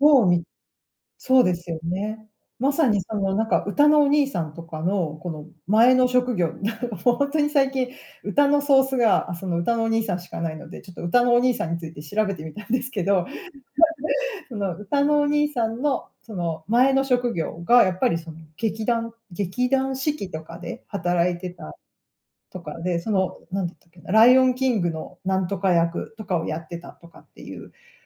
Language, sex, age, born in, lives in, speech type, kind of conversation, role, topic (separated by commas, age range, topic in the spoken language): Japanese, female, 40-44, Japan, United States, conversation, podcast, guest, 最近よく聴いている曲は何ですか？なぜその曲をよく聴いているのですか？